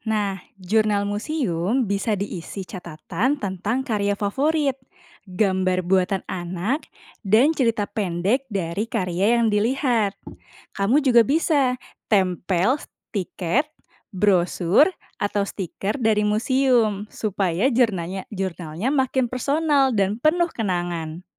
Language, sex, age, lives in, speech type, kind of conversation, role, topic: Indonesian, female, 30-34, Indonesia, read, expert-assistant, expert, Bagaimana membuat kunjungan ke museum seni lebih menarik untuk anak-anak?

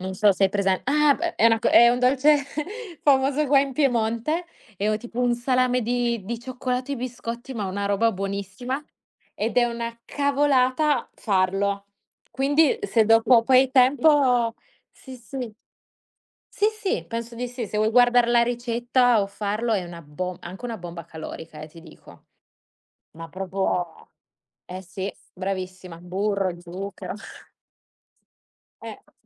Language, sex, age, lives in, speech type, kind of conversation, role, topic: Italian, female, 25-29, Italy, conversation, unstructured, none, Qual è il piatto che ti rende più felice quando lo mangi?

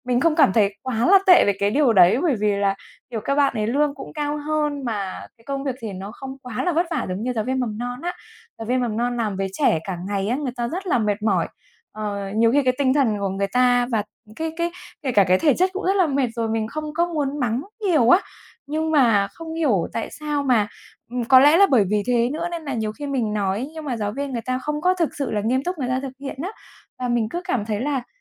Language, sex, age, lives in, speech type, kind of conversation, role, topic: Vietnamese, female, 35-39, Vietnam, conversation, advice, user, Làm sao vượt qua cảm giác tự nghi ngờ và thiếu tự tin khi lãnh đạo một công ty khởi nghiệp?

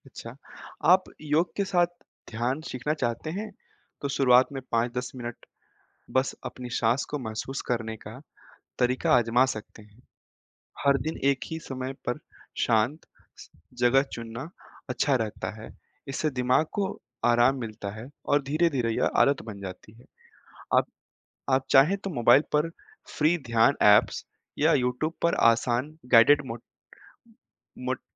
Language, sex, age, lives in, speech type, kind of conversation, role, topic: Hindi, male, 20-24, India, read, expert-assistant, expert, कठिन समय में धैर्य कैसे रखा जाए?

